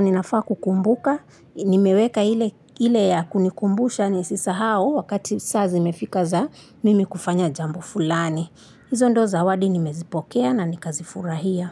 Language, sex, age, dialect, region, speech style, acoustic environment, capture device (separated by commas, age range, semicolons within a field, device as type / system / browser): Swahili, female, 25-34, Kenya - Mombasa, Kenya, focused, nature, mobile / Linux / Chrome